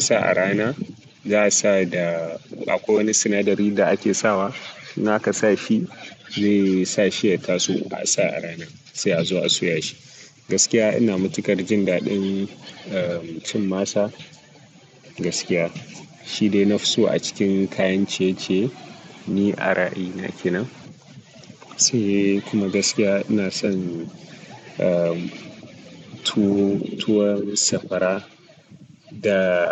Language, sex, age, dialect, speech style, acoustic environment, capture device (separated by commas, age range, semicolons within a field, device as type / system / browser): Hausa, male, 18-24, Nigeria - Kano, happy; nervous; relaxed; focused, nature; people talking, desktop / Linux / Chrome